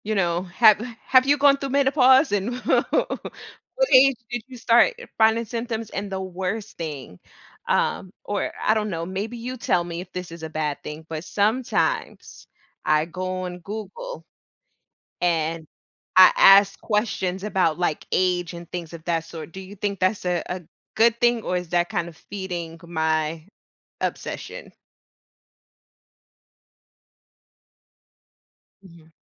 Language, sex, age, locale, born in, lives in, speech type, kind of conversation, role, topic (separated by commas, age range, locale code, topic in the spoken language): English, female, 35-39, en-US, United States, United States, conversation, advice, user, How can I cope with an unexpected pregnancy?